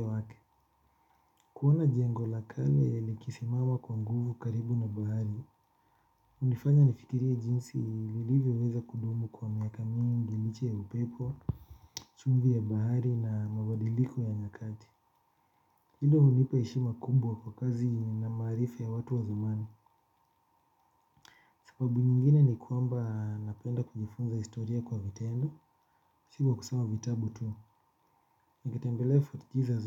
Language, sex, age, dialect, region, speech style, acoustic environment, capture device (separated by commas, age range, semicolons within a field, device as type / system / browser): Swahili, male, 25-34, Kenya - Nairobi Sheng-influenced, Kenya, relaxed, silence, mobile / Linux / Chrome